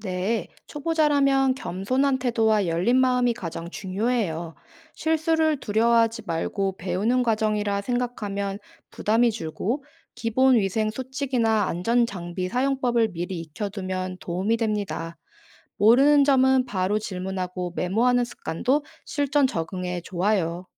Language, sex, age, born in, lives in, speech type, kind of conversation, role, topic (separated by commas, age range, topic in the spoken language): Korean, female, 25-29, South Korea, South Korea, read, expert-assistant, expert, 가축을 잘 관리하는 실전 노하우를 빠르게 배우는 좋은 방법이 있을까요?